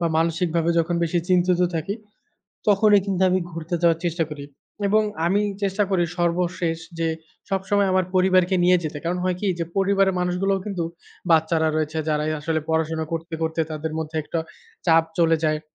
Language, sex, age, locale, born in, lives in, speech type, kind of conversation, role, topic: Bengali, male, 25-29, bn-BD, Bangladesh, Bangladesh, conversation, unstructured, none, আপনি ভ্রমণে গিয়ে সবচেয়ে মজার অভিজ্ঞতা কী পেয়েছেন?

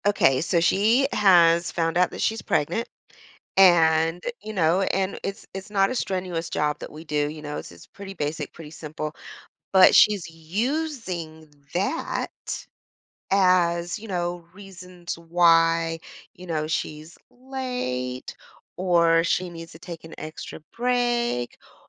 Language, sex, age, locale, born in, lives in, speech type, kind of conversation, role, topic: English, female, 45-49, en-US, United States, United States, conversation, advice, user, How do I set boundaries with a coworker who keeps taking advantage of me?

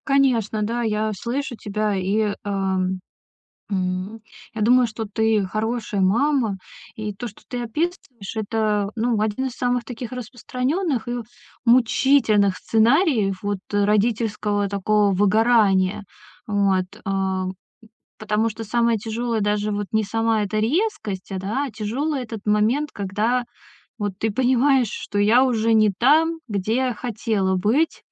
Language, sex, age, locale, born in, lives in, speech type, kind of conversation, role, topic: Russian, female, 30-34, ru-RU, Russia, Estonia, conversation, advice, advisor, Как мне контролировать импульсивные реакции, когда я испытываю сильный стресс и злюсь?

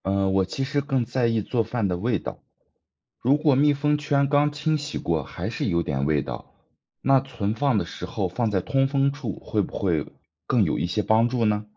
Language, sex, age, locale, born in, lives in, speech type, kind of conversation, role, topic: Chinese, male, 30-34, zh-CN, China, United States, read, expert-assistant, asker, 请解释为什么热水壶烧水时会有“咕噜咕噜”的声音，并用实验观察沸腾现象。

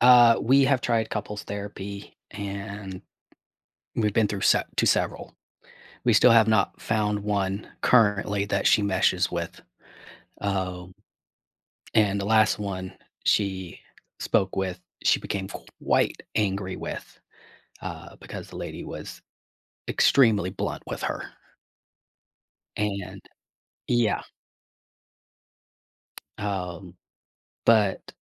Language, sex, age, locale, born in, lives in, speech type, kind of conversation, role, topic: English, male, 45-49, en-US, United States, United States, conversation, advice, user, How can I prevent burnout?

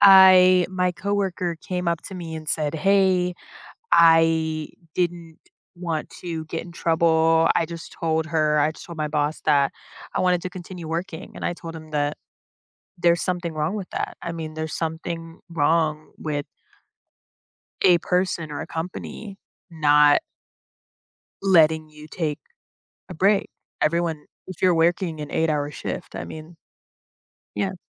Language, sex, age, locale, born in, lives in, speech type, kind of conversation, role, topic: English, female, 25-29, en-US, United States, United States, conversation, advice, user, How can I recover professionally after making an embarrassing mistake at work?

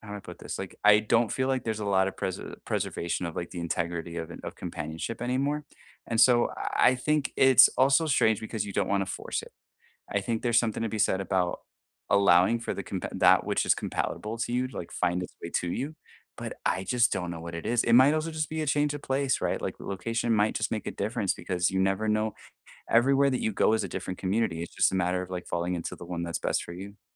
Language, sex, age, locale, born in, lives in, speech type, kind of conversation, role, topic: English, male, 30-34, en-US, United States, United States, conversation, unstructured, none, How do you build and maintain meaningful friendships as an adult so you feel more connected?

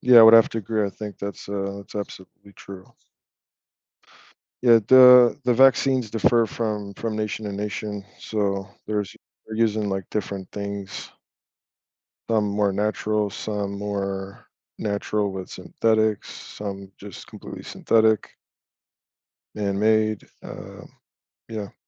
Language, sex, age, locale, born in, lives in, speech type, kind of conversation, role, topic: English, male, 35-39, en-US, United States, United States, conversation, unstructured, none, What could happen to global health if countries worked together to make vaccines accessible to everyone?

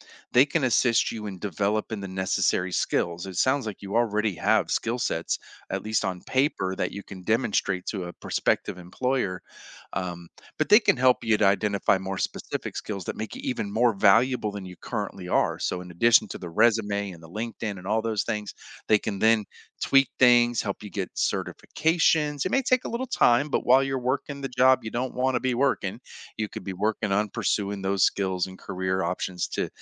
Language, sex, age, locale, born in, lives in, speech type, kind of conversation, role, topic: English, male, 45-49, en-US, United States, United States, conversation, advice, advisor, How can I get unstuck and find growth opportunities in my current job?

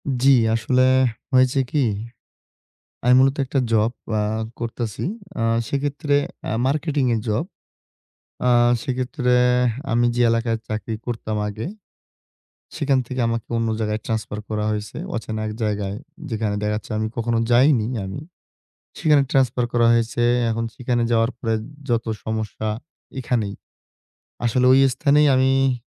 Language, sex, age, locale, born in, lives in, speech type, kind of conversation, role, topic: Bengali, male, 20-24, bn-BD, Bangladesh, Bangladesh, conversation, advice, user, অচেনা স্থানে কীভাবে নিরাপদে ঠিকানা ও দিকনির্দেশনা খুঁজে পাব?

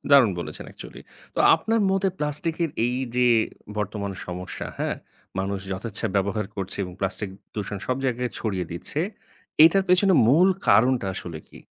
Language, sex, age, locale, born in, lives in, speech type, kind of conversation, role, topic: Bengali, male, 30-34, bn-BD, Bangladesh, Bangladesh, conversation, podcast, host, প্লাস্টিক দূষণ নিয়ে সাধারণ মানুষের কাছে আপনি কীভাবে বিষয়টি সহজভাবে ব্যাখ্যা করবেন?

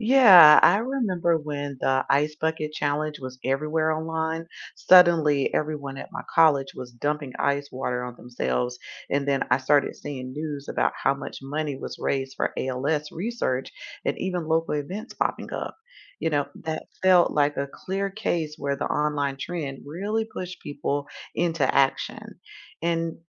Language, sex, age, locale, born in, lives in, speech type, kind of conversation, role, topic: English, female, 45-49, en-US, United States, United States, read, expert-assistant, asker, How do experts analyze shifts in political opinion?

